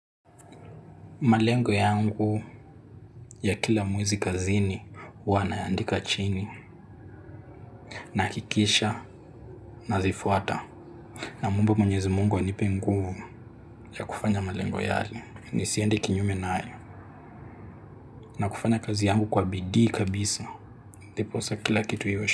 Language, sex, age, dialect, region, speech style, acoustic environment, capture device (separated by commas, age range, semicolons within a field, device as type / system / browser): Swahili, male, 18-24, Kenya - Nairobi Sheng-influenced, Kenya, relaxed, silence, mobile / Linux / Chrome